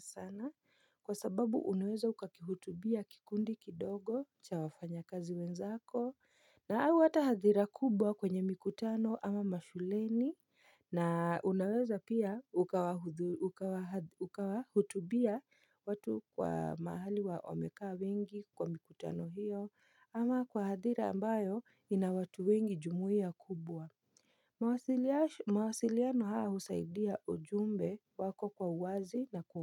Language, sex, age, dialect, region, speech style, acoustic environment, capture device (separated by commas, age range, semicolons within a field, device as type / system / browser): Swahili, female, 25-34, Kenya - Nairobi Sheng-influenced, Kenya, focused, silence, mobile / Linux / Chrome